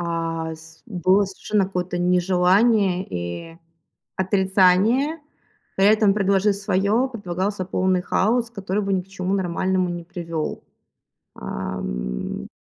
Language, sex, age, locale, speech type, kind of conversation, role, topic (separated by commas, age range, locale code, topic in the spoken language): Russian, female, 25-29, ru-RU, conversation, podcast, guest, Можно ли одновременно быть наставником и другом?